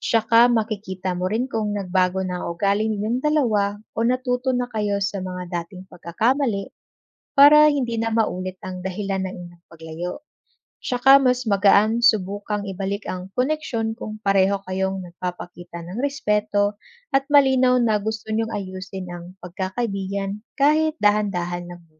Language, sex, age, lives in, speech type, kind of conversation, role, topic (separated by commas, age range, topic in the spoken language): Filipino, female, 25-29, Philippines, read, expert-assistant, expert, Ano ang mga paraan upang maging mabuting kaibigan?